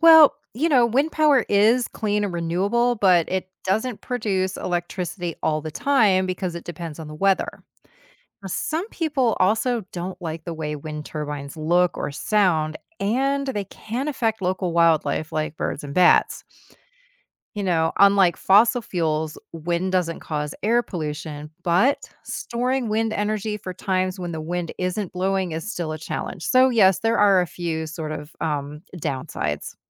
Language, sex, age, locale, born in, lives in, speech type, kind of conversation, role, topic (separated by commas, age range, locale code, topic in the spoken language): English, female, 50-54, en-US, United States, United States, read, expert-assistant, expert, How does wind power work and what are its benefits and challenges?